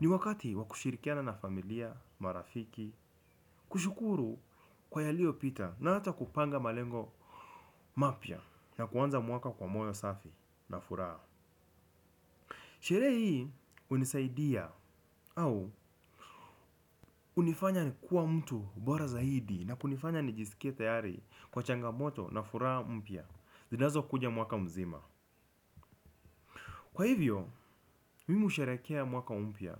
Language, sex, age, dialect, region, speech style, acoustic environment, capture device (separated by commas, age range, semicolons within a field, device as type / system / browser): Swahili, male, 25-34, Kenya - Nairobi Sheng-influenced, Kenya, relaxed; focused, people talking; music; nature; traffic; appliances, desktop / Windows / Chrome